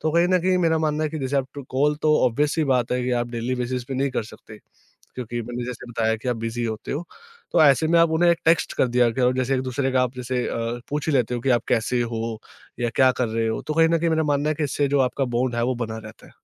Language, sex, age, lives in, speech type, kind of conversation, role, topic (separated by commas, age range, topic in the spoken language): Hindi, male, 20-24, India, conversation, podcast, guest, Long distance dosti ko maintain karne ka sabse best tareeka kya hai?